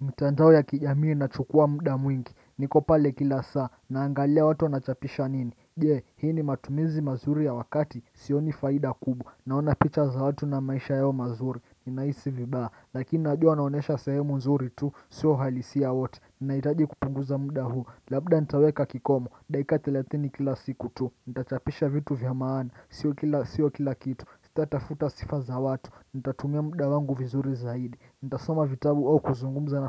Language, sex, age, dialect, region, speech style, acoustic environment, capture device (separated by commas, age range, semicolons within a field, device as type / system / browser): Swahili, male, 25-34, Kenya - Mombasa, Kenya, happy; excited; focused, nature, desktop / Windows / Chrome